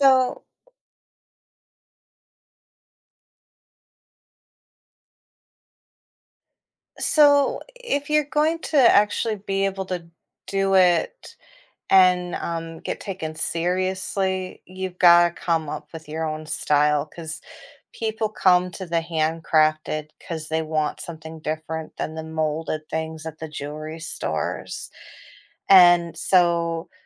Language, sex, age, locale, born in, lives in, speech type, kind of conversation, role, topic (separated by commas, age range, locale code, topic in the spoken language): English, female, 40-44, en-US, United States, United States, conversation, podcast, guest, What factors help someone discover and express their personal style?